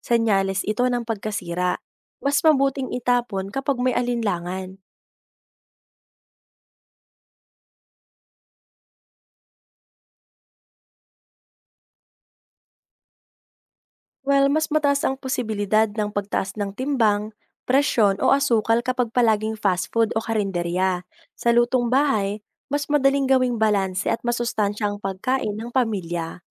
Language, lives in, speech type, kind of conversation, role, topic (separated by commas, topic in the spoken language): Filipino, Philippines, read, expert-assistant, expert, Alin ang mas mainam para sa kalusugan at budget ng pamilya: pagluluto sa bahay kumpara sa pagkain sa labas ng madalas?